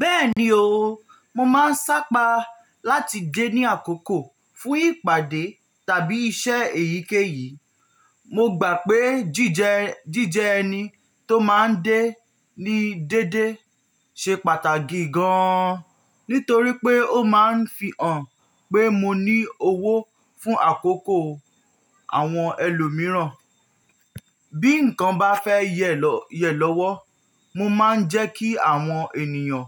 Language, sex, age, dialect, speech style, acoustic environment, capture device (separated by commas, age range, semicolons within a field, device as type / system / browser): Yoruba, male, 18-24, Nigeria - Nigerian Standard, relaxed, nature; silence, mobile / Linux / Chrome